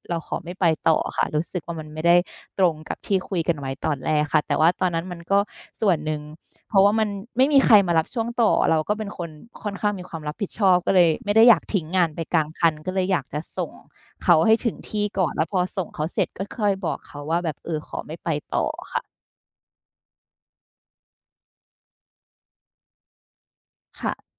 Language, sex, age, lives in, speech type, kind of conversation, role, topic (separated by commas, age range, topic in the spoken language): Thai, female, 30-34, Thailand, conversation, podcast, guest, คุณจะเลือกงานแบบไหนเมื่อจำเป็นต้องเลือกระหว่างเงินกับความสุข?